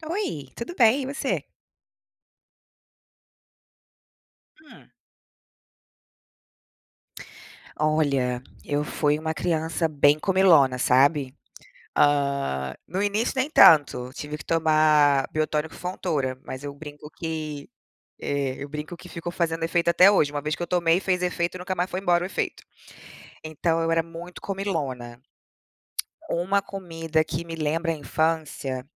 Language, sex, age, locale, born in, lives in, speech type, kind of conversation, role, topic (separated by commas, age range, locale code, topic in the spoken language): Portuguese, female, 35-39, pt-BR, Brazil, United States, conversation, unstructured, none, Que comida te lembra a infância e por quê?